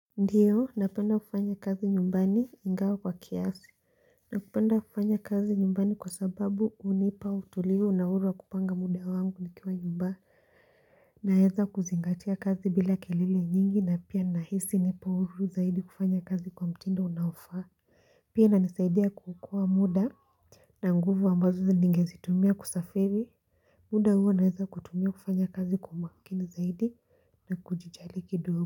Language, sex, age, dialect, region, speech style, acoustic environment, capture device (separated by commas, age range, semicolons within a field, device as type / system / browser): Swahili, female, 18-24, Kenya - Mombasa, Kenya, relaxed, people talking; silence, mobile / Linux / Chrome